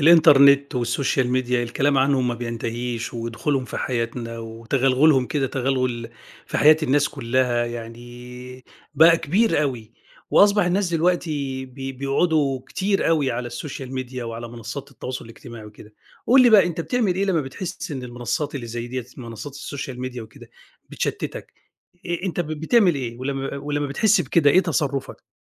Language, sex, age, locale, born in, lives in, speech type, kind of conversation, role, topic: Arabic, male, 50-54, ar-EG, Egypt, Egypt, conversation, podcast, host, إيه اللي بتعمله لما تحس إن السوشيال ميديا بتشتّتك؟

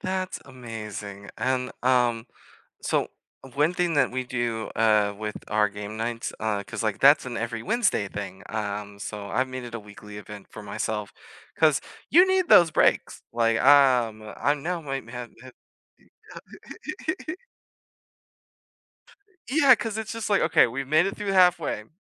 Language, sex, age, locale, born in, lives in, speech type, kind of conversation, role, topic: English, male, 25-29, en-US, United States, United States, conversation, unstructured, none, What personal traditions have you started as an adult, and how do they help you feel connected?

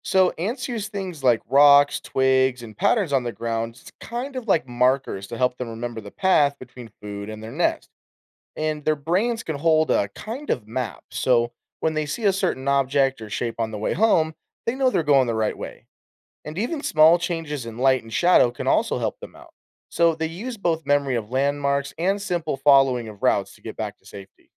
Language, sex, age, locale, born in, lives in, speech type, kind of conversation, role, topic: English, male, 25-29, en-US, United States, United States, read, expert-assistant, expert, How do ants navigate back to their nest?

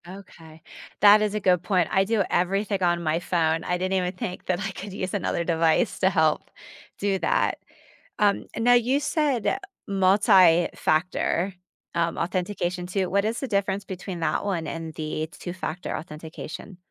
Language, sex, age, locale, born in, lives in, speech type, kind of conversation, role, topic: English, female, 45-49, en-US, United States, United States, conversation, advice, user, How do I enable two-factor authentication and choose the best method for my accounts?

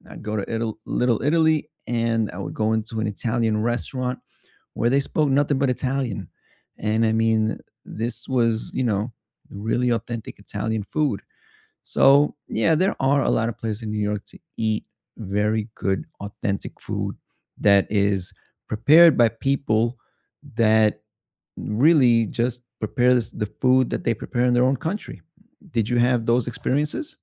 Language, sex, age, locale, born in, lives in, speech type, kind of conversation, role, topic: English, male, 35-39, en-US, United States, United States, conversation, unstructured, none, What do you enjoy most about traveling to new places?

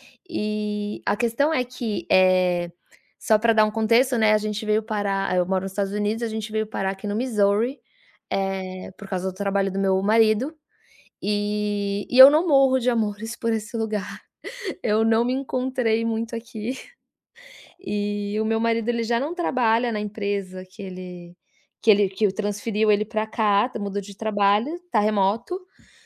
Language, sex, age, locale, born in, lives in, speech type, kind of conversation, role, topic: Portuguese, female, 40-44, pt-BR, Brazil, United States, conversation, advice, user, Como posso conciliar minhas necessidades pessoais com as necessidades da minha família durante a mudança?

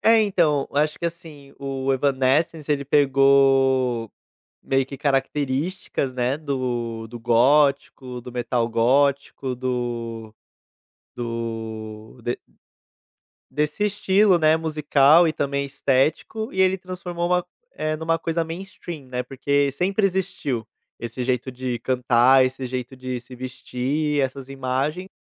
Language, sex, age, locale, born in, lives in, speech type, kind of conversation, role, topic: Portuguese, male, 25-29, pt-BR, Brazil, Portugal, conversation, podcast, guest, Pode me contar sobre um disco que mudou o seu gosto musical?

